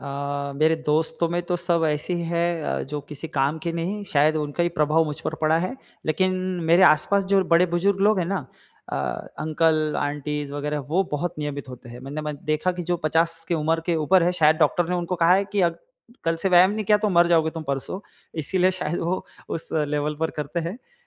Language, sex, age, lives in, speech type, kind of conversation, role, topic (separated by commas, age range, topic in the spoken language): Hindi, male, 35-39, India, conversation, advice, user, मैं हर दिन नियमित रूप से व्यायाम करने की आदत कैसे बना सकता/सकती हूँ?